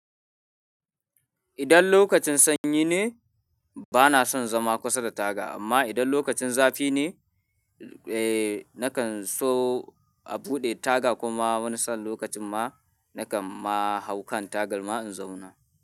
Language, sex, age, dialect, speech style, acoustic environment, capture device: Hausa, male, 18-24, Nigeria - Kano, anxious; tired, nature; people talking, mobile / Linux / Chrome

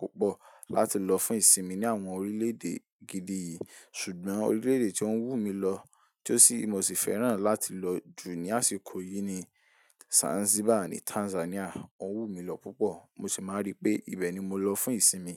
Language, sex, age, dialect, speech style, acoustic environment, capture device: Yoruba, male, 18-24, Nigeria - Ibadan, relaxed, silence, mobile / Linux / Chrome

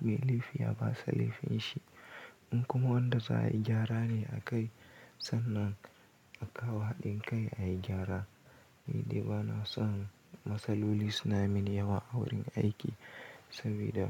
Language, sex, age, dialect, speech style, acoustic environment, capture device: Hausa, male, 18-24, Nigeria - Kano, happy; anxious, nature; silence, mobile / Linux / Chrome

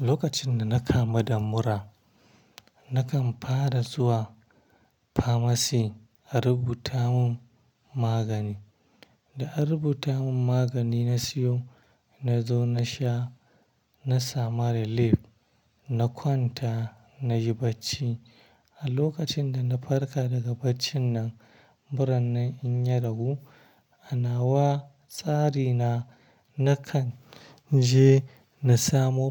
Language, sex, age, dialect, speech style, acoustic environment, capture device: Hausa, male, 25-34, Nigeria - Kano, stressed; happy, silence; traffic, mobile / Linux / Chrome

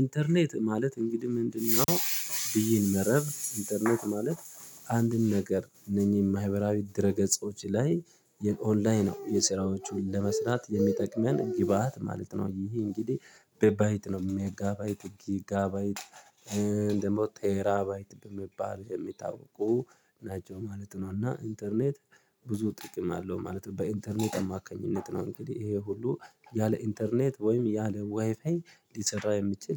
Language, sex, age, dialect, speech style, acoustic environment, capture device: Amharic, male, 25-34, Ethiopia - Addis Ababa, happy, people talking; traffic; music; nature; construction, mobile / Linux / Chrome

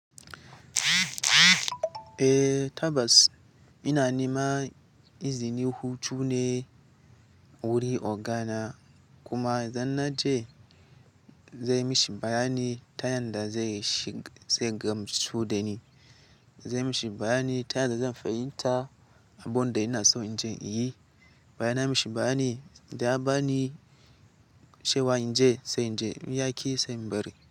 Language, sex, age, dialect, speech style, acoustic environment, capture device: Hausa, male, 18-24, Nigeria - Katsina, excited, nature, mobile / Linux / Chrome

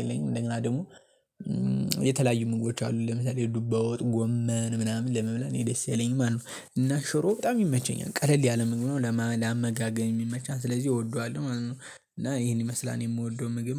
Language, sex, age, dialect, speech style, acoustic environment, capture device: Amharic, male, 18-24, Ethiopia - Gondar, excited; focused, nature, mobile / Linux / Chrome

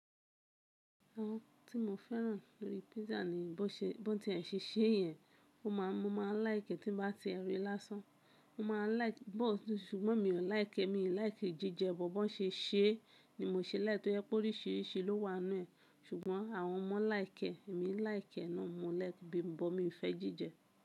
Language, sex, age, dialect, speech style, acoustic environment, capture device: Yoruba, male, 25-34, Nigeria - Kano, relaxed, appliances, mobile / Linux / Chrome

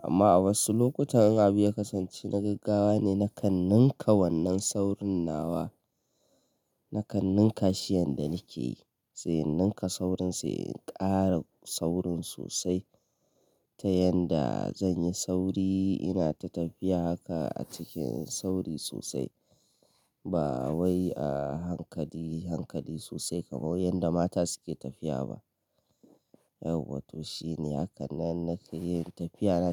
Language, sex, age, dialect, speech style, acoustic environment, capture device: Hausa, male, 25-34, Nigeria - Kano, happy, people talking, mobile / Linux / Chrome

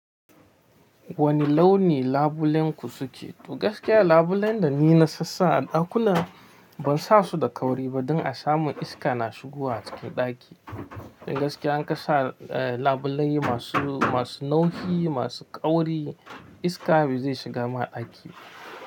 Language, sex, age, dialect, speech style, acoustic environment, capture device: Hausa, male, 25-34, Nigeria - Nigerian Standard, anxious; relaxed; excited; focused; happy, nature; silence, mobile / Linux / Chrome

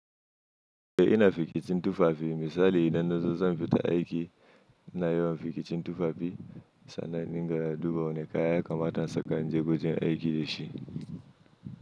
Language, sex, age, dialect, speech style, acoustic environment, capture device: Hausa, male, 25-34, Nigeria - Kano, happy, silence, mobile / Linux / Chrome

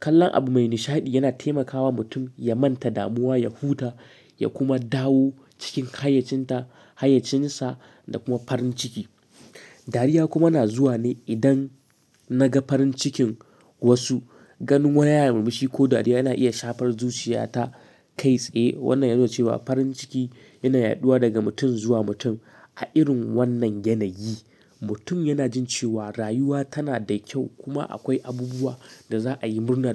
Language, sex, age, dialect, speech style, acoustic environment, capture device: Hausa, male, 18-24, Nigeria - Katsina, relaxed; focused, silence, mobile / Linux / Chrome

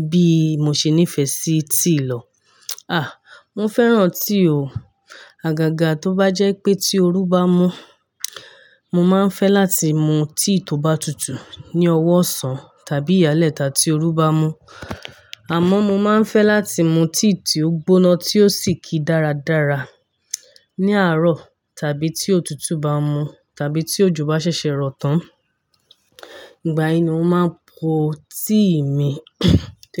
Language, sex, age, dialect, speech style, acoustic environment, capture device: Yoruba, female, 25-34, Nigeria - Ibadan, excited; focused; happy; relaxed, nature; silence; construction, mobile / Linux / Chrome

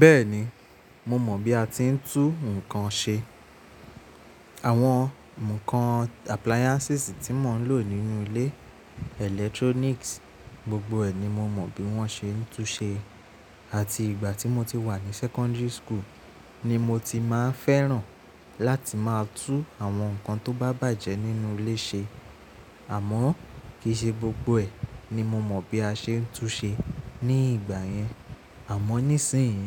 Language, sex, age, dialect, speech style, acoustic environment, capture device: Yoruba, male, 25-34, Nigeria - Nigerian Standard, relaxed; nervous; focused, appliances; silence, mobile / Linux / Chrome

